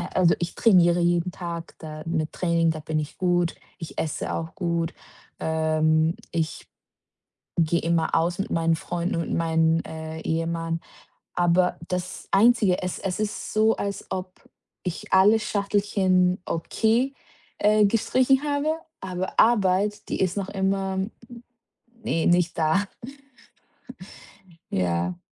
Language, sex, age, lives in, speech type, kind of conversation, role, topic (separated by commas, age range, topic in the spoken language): German, female, 25-29, Portugal, conversation, advice, user, Warum fühlt sich dein Job für dich sinnlos an?